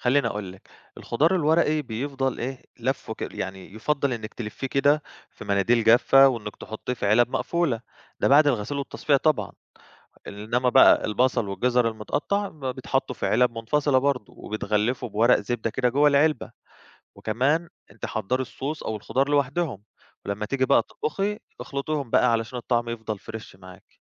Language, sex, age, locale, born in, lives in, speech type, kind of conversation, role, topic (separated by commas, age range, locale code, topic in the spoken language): Arabic, male, 25-29, ar-EG, Egypt, Greece, read, expert-assistant, expert, إزاي أقدر أحافظ على أمان الأكل في البيت، خاصة مع التغييرات اللي بتحصل في الجو وانتشار الأمراض؟